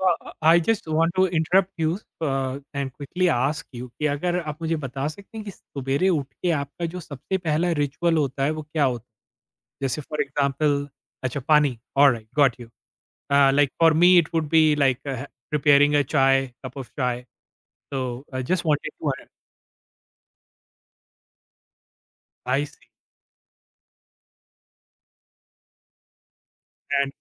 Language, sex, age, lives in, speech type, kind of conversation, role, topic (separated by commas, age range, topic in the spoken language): Hindi, male, 40-44, India, conversation, podcast, host, Subah ke kaunse niyam tumhari rachnatmakta ko shuru se hi jaga dete hain?